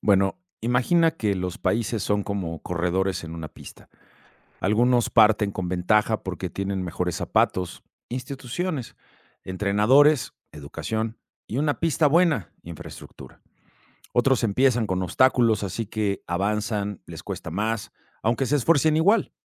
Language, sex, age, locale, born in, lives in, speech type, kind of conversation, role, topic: Spanish, male, 50-54, es-MX, Mexico, Mexico, read, expert-assistant, expert, ¿Hay alguna analogía sencilla para entender por qué algunos países logran desarrollarse más rápido que otros?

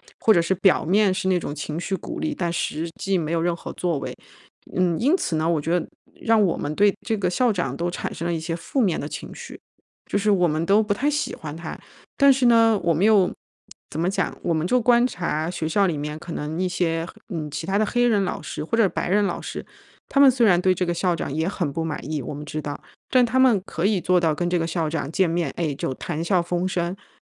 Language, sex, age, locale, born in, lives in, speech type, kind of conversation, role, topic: Chinese, female, 35-39, zh-CN, China, United States, conversation, advice, user, 我该如何在职场中应对办公室政治并处理人际关系？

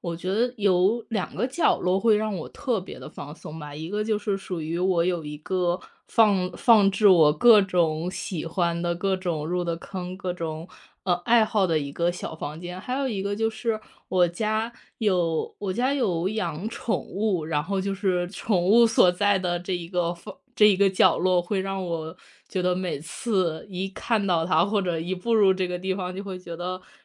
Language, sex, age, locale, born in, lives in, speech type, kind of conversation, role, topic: Chinese, female, 30-34, zh-CN, China, United States, conversation, podcast, guest, 你家里有哪些角落会让你觉得特别放松？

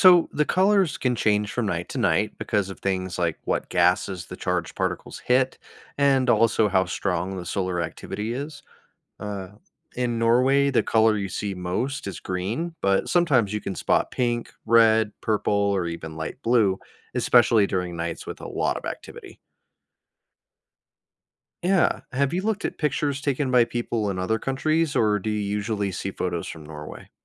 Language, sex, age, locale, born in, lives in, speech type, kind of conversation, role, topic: English, male, 30-34, en-US, United States, United States, read, expert-assistant, expert, What causes the Northern Lights to appear in the sky?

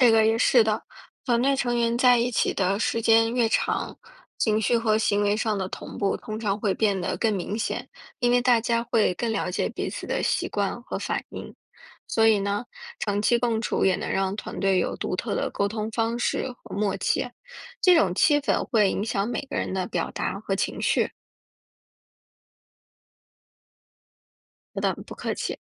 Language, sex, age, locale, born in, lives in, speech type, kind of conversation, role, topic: Chinese, female, 35-39, zh-CN, China, United States, read, expert-assistant, expert, 为什么人打哈欠会传染给别人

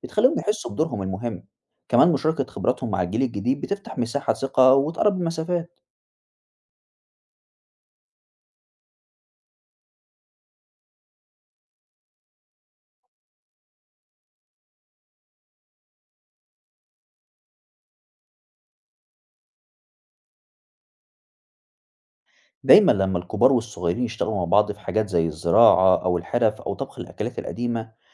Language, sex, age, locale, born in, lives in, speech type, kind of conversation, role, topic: Arabic, male, 20-24, ar-EG, Egypt, Egypt, read, expert-assistant, expert, إزاي الحدود بين البلاد ممكن تتغير مع الوقت، وليه ده بيحصل؟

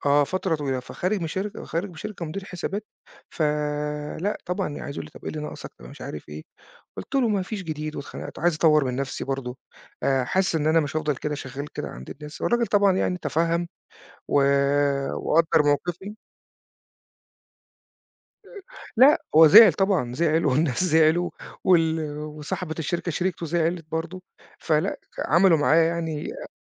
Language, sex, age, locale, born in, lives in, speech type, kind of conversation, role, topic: Arabic, male, 40-44, ar-EG, Egypt, Portugal, conversation, podcast, guest, إزاي بتتعامل مع الفشل لما يحصل؟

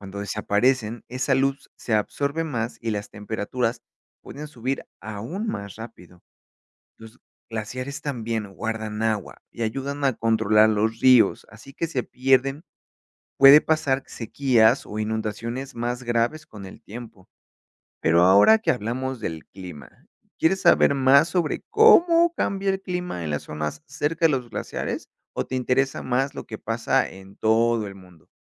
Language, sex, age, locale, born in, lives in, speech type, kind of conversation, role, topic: Spanish, male, 30-34, es-MX, Mexico, Mexico, read, expert-assistant, expert, Explica cómo los glaciares se forman y por qué están desapareciendo.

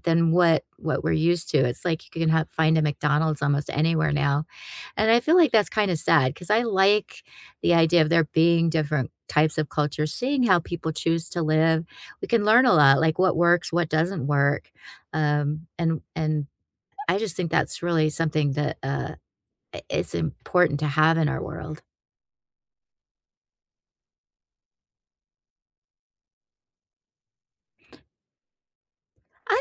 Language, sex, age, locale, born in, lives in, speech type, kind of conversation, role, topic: English, female, 55-59, en-US, United States, United States, conversation, unstructured, none, What is something you learned from another culture that changed your perspective?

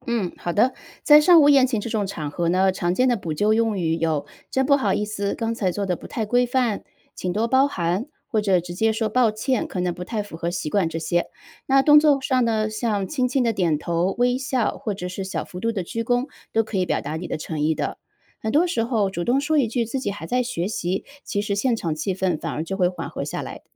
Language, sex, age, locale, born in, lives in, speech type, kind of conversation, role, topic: Chinese, female, 35-39, zh-CN, China, United States, read, expert-assistant, expert, 在不同文化的正式场合如何更好适应社交习惯？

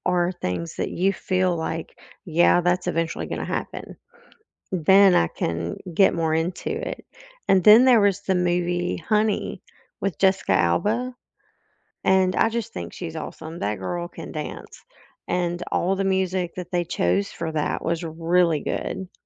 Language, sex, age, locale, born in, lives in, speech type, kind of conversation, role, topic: English, female, 45-49, en-US, United States, United States, conversation, unstructured, none, Which movie soundtracks are better than the films they accompany?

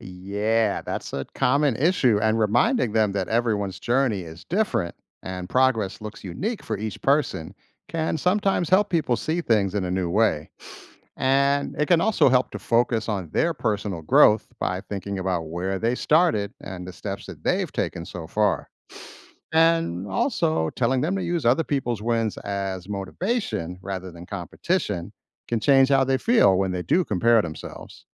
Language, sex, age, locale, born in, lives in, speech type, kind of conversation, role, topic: English, male, 50-54, en-US, United States, United States, read, expert-assistant, expert, How can you encourage someone to reach their goals?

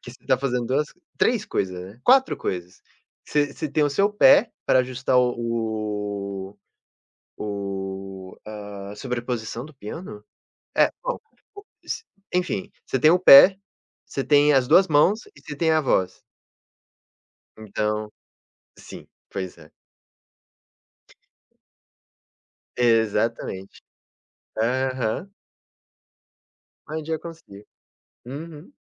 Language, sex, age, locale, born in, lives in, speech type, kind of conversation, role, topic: Portuguese, male, 20-24, pt-BR, Brazil, United States, conversation, unstructured, none, O que motiva você a aprender algo novo?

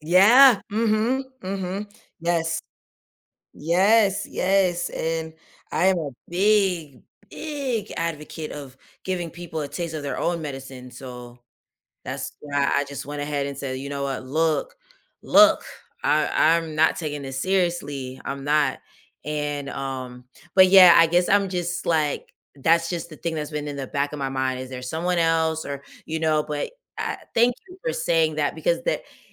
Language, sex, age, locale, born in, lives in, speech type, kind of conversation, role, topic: English, female, 30-34, en-US, United States, United States, conversation, advice, user, How can I communicate better with my partner during disagreements?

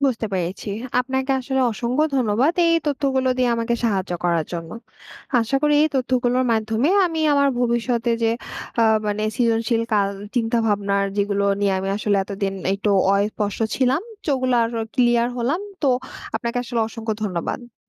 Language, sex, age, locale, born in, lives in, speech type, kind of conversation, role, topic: Bengali, female, 20-24, bn-BD, Bangladesh, Bangladesh, read, expert-assistant, asker, সৃজনশীল চিন্তার গুরুত্ব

